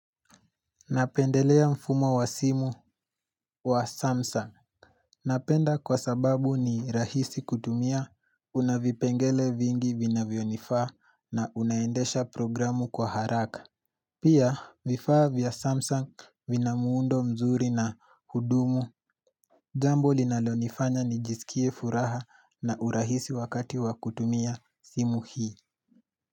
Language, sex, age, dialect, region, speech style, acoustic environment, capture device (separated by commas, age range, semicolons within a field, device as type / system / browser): Swahili, male, 18-24, Kenya - Nairobi Sheng-influenced, Kenya, relaxed, silence, mobile / Linux / Chrome